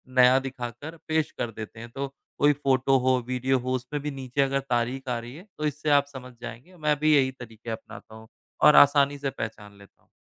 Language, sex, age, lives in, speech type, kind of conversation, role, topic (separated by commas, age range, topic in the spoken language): Hindi, male, 30-34, India, conversation, podcast, guest, फर्जी खबरें पहचानने के आपके तरीके क्या हैं?